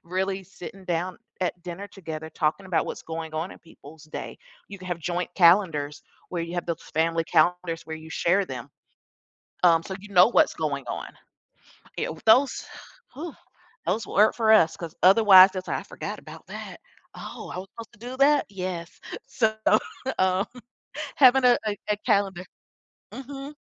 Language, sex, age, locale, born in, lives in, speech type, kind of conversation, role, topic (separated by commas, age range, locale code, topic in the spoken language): English, female, 40-44, en-US, United States, United States, conversation, podcast, guest, What are some practical habits that can help manage everyday stress?